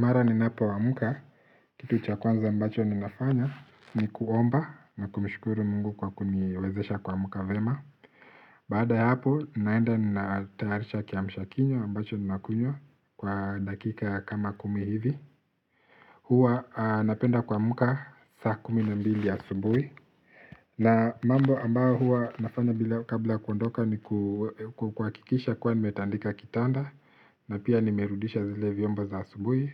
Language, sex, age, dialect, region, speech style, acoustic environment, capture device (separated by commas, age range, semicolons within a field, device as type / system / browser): Swahili, male, 25-34, Kenya - Nairobi Sheng-influenced, Kenya, relaxed, silence, mobile / Linux / Chrome